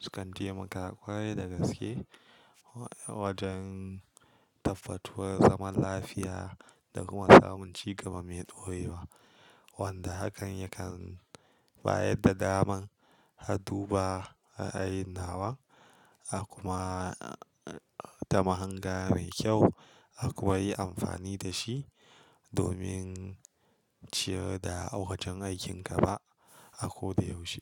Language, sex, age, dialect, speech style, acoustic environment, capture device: Hausa, male, 18-24, Nigeria - Kano, relaxed; focused, silence; nature, mobile / Linux / Chrome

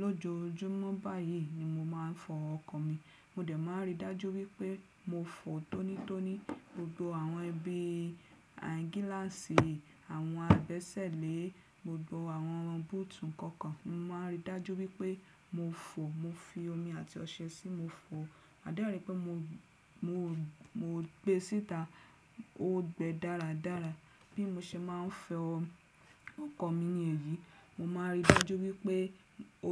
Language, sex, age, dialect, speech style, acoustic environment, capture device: Yoruba, female, 35-44, Nigeria - Lagos Yoruba, relaxed; focused, silence, desktop / Windows / Chrome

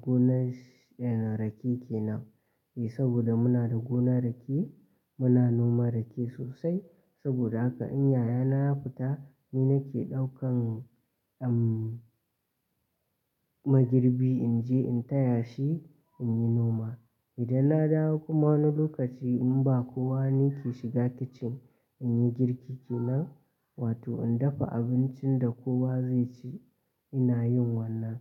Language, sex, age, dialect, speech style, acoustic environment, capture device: Hausa, male, 18-24, Nigeria - Katsina, relaxed; happy, silence, mobile / Linux / Chrome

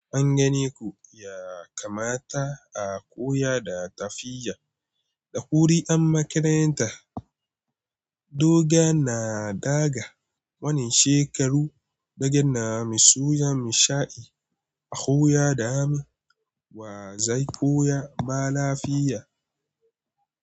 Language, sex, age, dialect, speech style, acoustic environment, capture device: Hausa, male, 18-24, Nigeria - Nigerian Standard, relaxed, silence, mobile / Android / Chrome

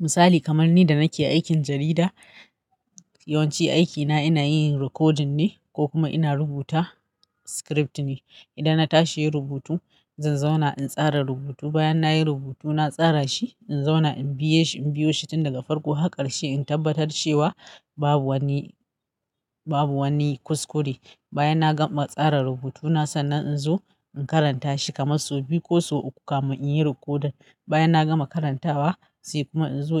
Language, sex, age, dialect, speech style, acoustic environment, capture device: Hausa, female, 35-44, Nigeria - Kano, happy, nature; silence, mobile / Linux / Chrome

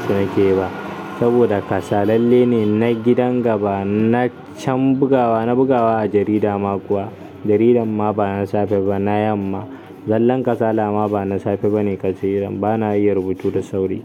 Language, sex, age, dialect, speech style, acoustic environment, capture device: Hausa, male, 18-24, Nigeria - Kano, focused, nature, mobile / Linux / Chrome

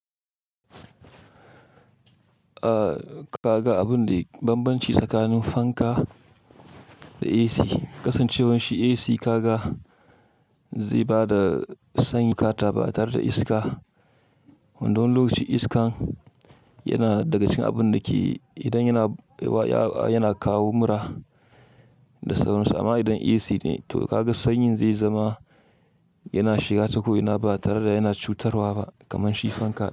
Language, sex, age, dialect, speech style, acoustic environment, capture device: Hausa, male, 25-34, Nigeria - Kano, anxious; happy, silence, mobile / Linux / Chrome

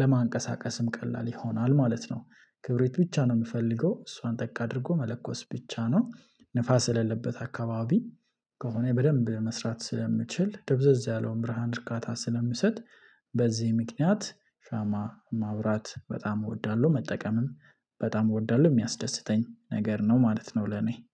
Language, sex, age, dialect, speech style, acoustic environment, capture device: Amharic, male, 25-34, Ethiopia - Addis Ababa, happy; relaxed; focused; excited, silence, mobile / Linux / Chrome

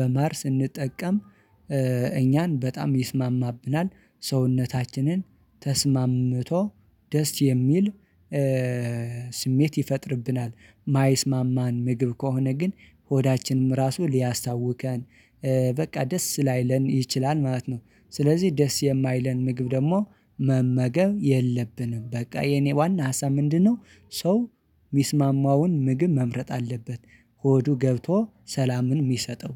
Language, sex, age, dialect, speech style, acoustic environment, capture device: Amharic, male, 25-34, Ethiopia - Addis Ababa, relaxed, silence, mobile / Linux / Chrome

